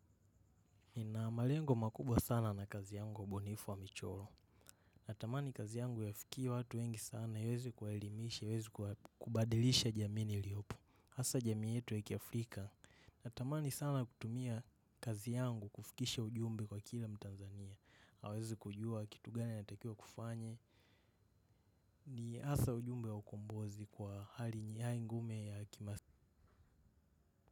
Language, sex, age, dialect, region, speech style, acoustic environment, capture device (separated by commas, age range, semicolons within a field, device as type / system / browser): Swahili, male, 18-24, Kenya - Nairobi Sheng-influenced, Kenya, relaxed, nature, mobile / macOS / Safari